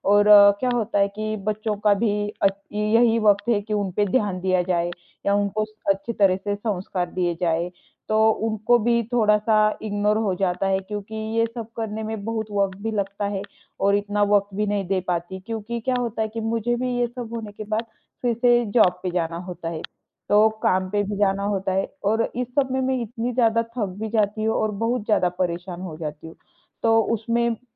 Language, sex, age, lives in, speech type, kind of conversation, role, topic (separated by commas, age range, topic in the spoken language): Hindi, female, 25-29, India, conversation, advice, user, क्या बच्चों या बुजुर्गों की देखभाल करते समय आपको लगातार तनाव महसूस होता है?